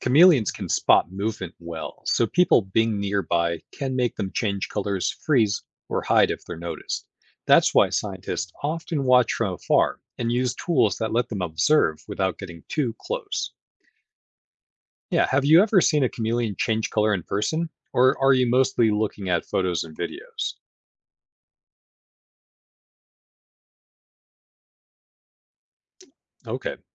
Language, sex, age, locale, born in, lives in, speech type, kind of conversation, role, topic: English, male, 35-39, en-US, United States, United States, read, expert-assistant, expert, How and why do chameleons and other animals change their color?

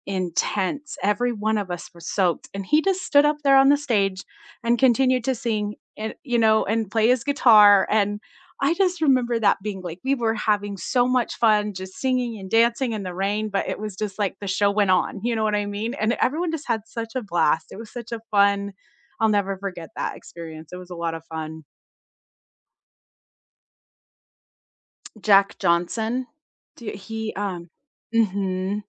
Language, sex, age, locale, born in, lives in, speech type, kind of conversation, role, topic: English, female, 45-49, en-US, United States, United States, conversation, unstructured, none, Which concert or live performance gave you goosebumps, and what made it unforgettable?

